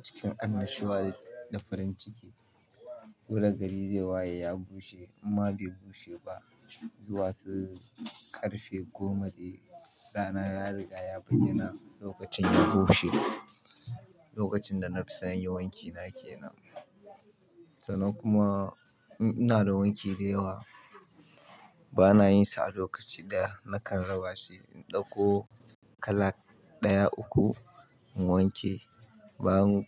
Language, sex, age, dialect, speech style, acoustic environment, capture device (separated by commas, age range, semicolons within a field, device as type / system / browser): Hausa, male, 25-34, Nigeria - Kano, happy, people talking, mobile / Linux / Chrome